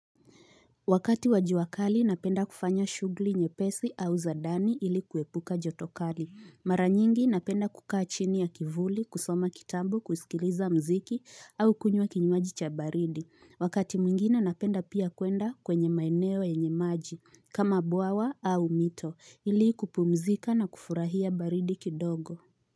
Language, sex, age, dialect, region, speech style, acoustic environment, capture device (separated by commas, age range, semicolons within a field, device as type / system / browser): Swahili, female, 25-34, Kenya - Nairobi Sheng-influenced, Kenya, focused, appliances, mobile / Linux / Chrome